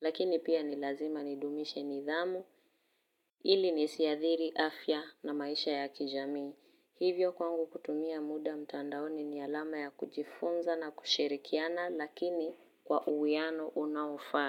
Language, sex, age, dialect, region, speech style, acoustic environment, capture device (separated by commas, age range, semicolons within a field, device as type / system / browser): Swahili, female, 18-24, Kenya - Nairobi Sheng-influenced, Kenya, happy; focused, silence, mobile / Linux / Chrome